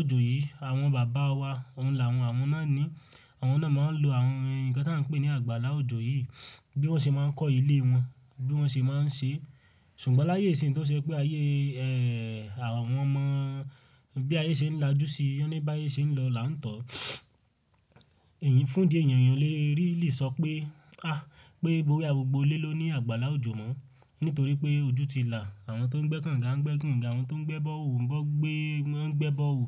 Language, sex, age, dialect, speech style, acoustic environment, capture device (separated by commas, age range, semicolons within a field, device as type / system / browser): Yoruba, male, 18-24, Nigeria - Ibadan, happy, silence, mobile / Linux / Chrome